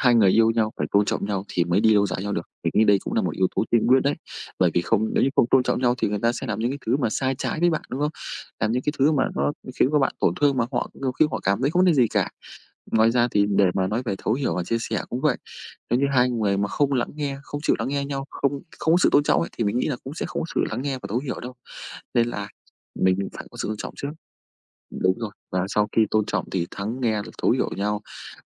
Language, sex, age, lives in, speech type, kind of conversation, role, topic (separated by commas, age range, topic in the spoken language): Vietnamese, male, 25-29, Vietnam, conversation, unstructured, none, Bạn nghĩ điều gì quan trọng nhất trong một mối quan hệ?